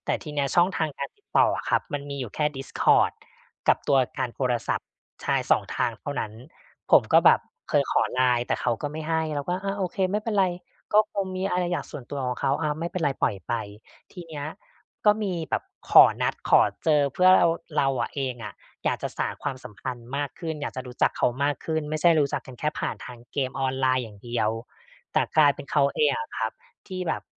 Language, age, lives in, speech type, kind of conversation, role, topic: Thai, 35-39, Thailand, conversation, advice, user, ฉันจะฟื้นฟูความมั่นใจและคุณค่าในตัวเองหลังเลิกความสัมพันธ์ได้อย่างไร?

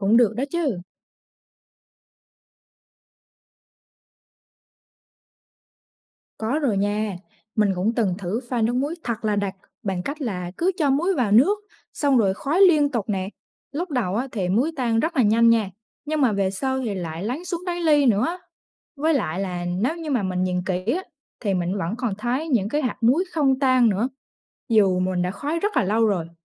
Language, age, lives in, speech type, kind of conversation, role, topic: Vietnamese, 20-24, Vietnam, read, expert-assistant, asker, Vì sao khi bỏ muối vào nước, muối lại tan ra?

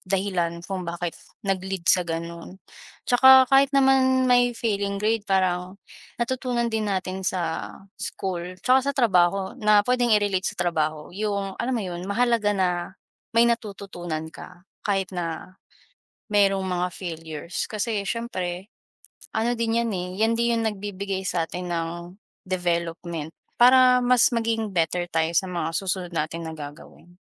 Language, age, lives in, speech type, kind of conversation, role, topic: Filipino, 20-24, Philippines, conversation, unstructured, none, Ano ang pinakamahalagang natutuhan mo sa paaralan?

